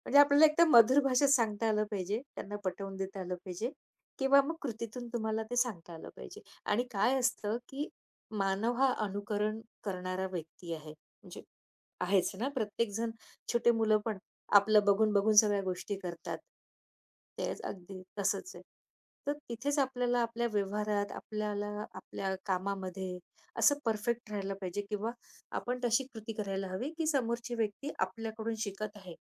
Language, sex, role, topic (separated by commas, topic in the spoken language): Marathi, female, guest, समोरच्या व्यक्तीला दोष न देता आपण आपल्या भावना कशा मांडू शकतो?